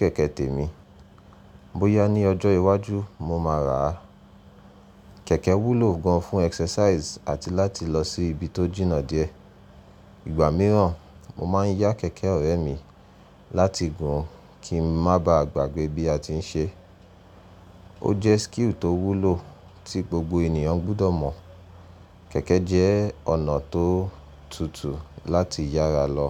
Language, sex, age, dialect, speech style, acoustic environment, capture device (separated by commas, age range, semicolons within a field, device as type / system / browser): Yoruba, male, 25-34, Nigeria - Nigerian Standard, relaxed, silence, mobile / Linux / Chrome